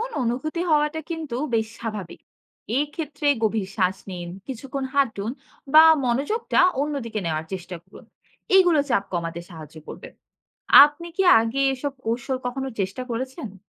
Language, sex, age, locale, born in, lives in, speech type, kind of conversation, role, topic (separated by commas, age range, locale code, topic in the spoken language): Bengali, female, 20-24, bn-BD, Bangladesh, Bangladesh, read, expert-assistant, expert, আমি নিজের পছন্দগুলো ঠিক করছি, নাকি সমাজের নিয়ম মেনে চলছি—এটা কীভাবে বুঝব?